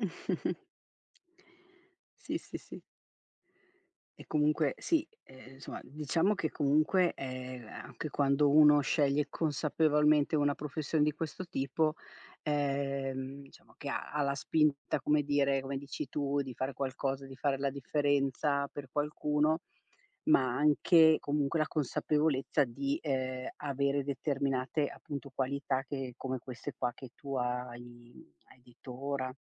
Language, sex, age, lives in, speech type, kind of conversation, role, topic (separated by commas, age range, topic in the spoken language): Italian, female, 50-54, Italy, conversation, podcast, host, Come hai deciso se seguire la tua passione o puntare sulla sicurezza economica?